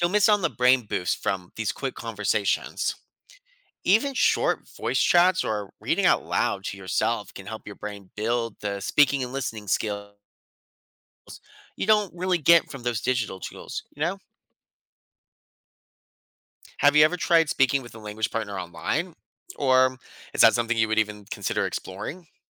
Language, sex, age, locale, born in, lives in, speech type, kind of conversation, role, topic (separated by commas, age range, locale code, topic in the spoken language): English, male, 30-34, en-US, United States, United States, read, expert-assistant, expert, How does learning a new language affect your brain?